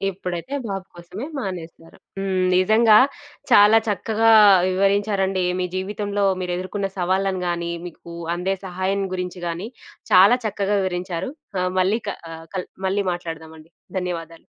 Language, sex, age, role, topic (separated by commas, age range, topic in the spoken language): Telugu, female, 25-29, host, మీరు పని చేయడం ప్రారంభించినప్పటి నుంచి మీ కుటుంబంలో సభ్యుల పాత్రలు ఎలా మారాయి?